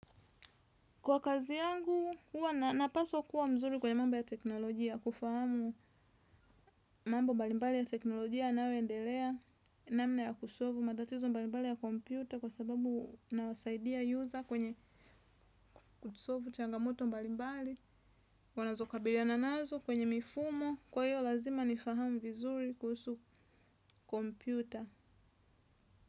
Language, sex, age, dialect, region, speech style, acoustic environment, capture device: Swahili, female, 25-34, Tanzania - Dar es Salaam, Tanzania, relaxed, nature; silence; appliances, desktop / Windows / Chrome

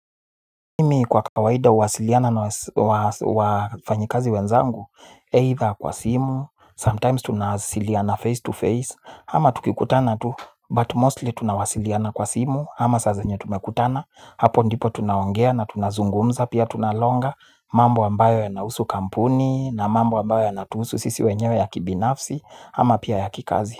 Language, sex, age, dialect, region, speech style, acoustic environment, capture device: Swahili, male, 25-34, Kenya - Nairobi Sheng-influenced, Kenya, nervous; excited; focused, people talking; music; nature, mobile / Linux / Chrome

